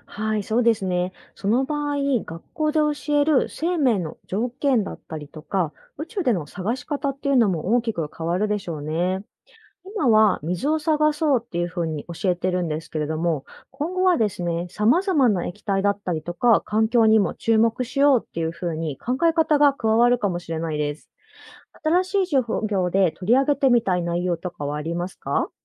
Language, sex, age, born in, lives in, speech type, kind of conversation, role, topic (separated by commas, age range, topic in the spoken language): Japanese, female, 30-34, Japan, Japan, read, expert-assistant, expert, なぜ水は宇宙における生命の存在にとって重要視されているのでしょうか？